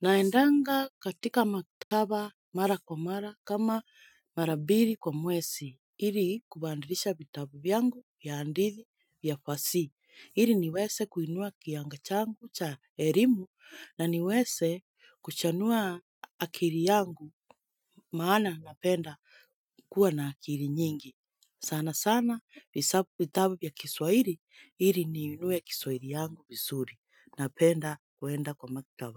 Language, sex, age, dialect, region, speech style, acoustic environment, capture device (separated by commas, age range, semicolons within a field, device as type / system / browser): Swahili, female, 25-34, Kenya - Nairobi Sheng-influenced, Kenya, happy; relaxed, silence, mobile / Linux / Chrome